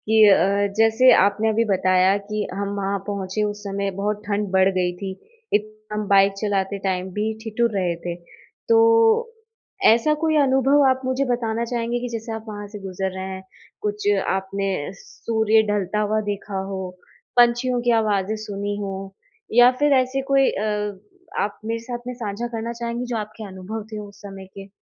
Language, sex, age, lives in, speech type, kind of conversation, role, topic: Hindi, female, 30-34, India, conversation, podcast, host, पहाड़ों में सुबह की सैर ने आपका नज़रिया कैसे बदला?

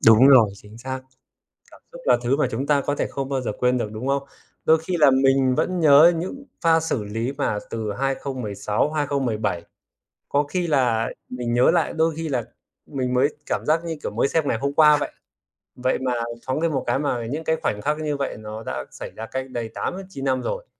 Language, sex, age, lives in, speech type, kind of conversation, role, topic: Vietnamese, male, 25-29, Vietnam, conversation, unstructured, none, Trò chơi điện tử nào bạn muốn giới thiệu cho bạn bè, và vì sao?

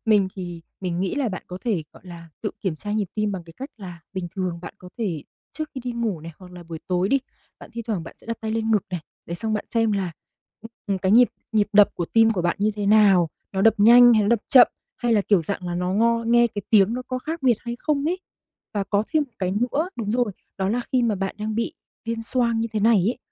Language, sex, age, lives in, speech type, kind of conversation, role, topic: Vietnamese, female, 25-29, Vietnam, conversation, advice, advisor, Bạn có thường lo lắng quá mức về sức khỏe khi chỉ gặp những triệu chứng nhỏ không?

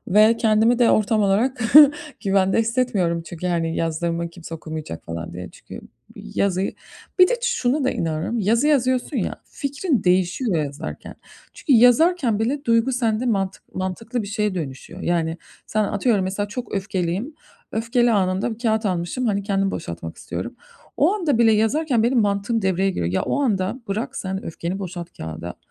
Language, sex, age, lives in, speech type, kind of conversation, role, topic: Turkish, female, 35-39, United States, conversation, advice, user, Her gün yazı yazma ya da günlük tutma alışkanlığını neden bir türlü başlatamıyorum?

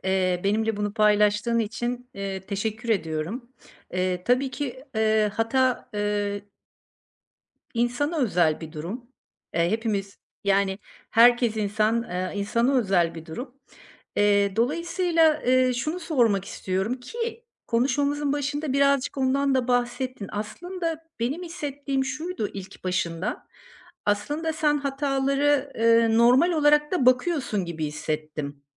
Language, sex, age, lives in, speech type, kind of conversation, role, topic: Turkish, female, 50-54, Portugal, conversation, advice, advisor, Hatalarımdan utanmadan nasıl öğrenip onları kabul edebilirim ve başkalarının yargılarından nasıl daha az etkilenebilirim?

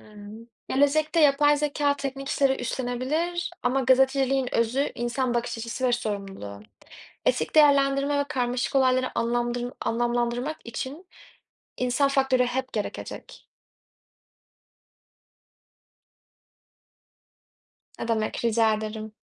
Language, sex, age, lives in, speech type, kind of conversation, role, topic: Turkish, female, 20-24, Netherlands, read, expert-assistant, expert, Dijital medya gazeteciliğin kalitesini nasıl etkiliyor?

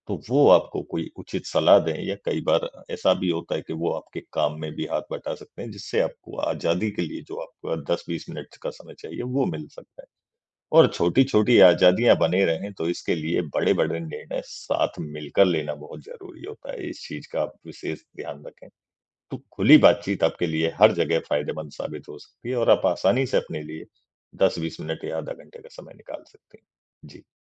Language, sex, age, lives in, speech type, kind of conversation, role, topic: Hindi, male, 35-39, India, conversation, advice, advisor, स्वतंत्रता और प्रतिबद्धता के बीच संतुलन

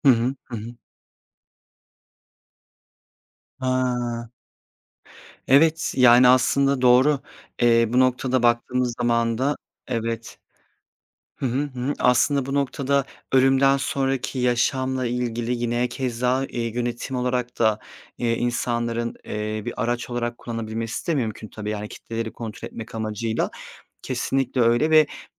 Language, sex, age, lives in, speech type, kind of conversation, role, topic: Turkish, male, 30-34, Poland, conversation, unstructured, none, Senin için ölümden sonra yaşam var mı?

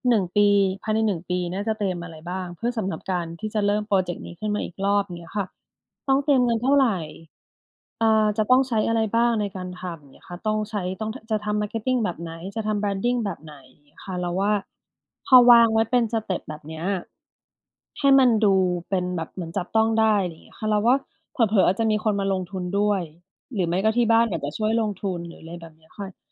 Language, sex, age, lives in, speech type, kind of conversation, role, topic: Thai, female, 40-44, Thailand, conversation, unstructured, none, คุณเคยรู้สึกว่าครอบครัวไม่สนับสนุนคุณไหม และคุณโกรธเพราะอะไร?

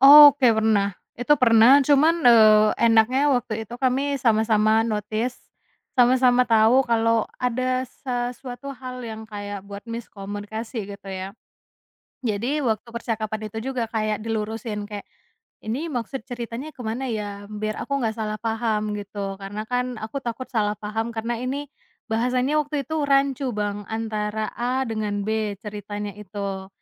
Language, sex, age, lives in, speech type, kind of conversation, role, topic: Indonesian, female, 25-29, Indonesia, conversation, podcast, guest, Bagaimana kamu memulai percakapan yang sulit dengan teman dekat?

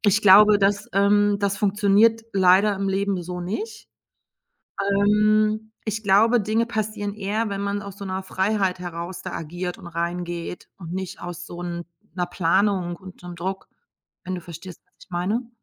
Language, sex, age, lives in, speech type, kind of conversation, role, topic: German, female, 45-49, Germany, conversation, advice, advisor, Wie kann die Angst, wieder verletzt zu werden, neue Bindungen verhindern?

